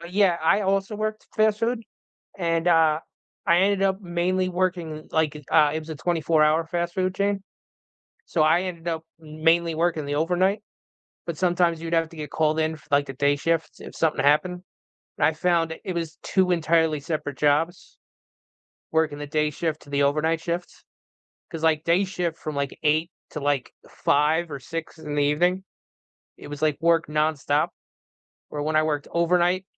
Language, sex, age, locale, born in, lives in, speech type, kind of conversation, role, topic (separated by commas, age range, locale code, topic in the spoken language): English, male, 40-44, en-US, United States, United States, conversation, unstructured, none, What advice would you give to someone who is starting their career?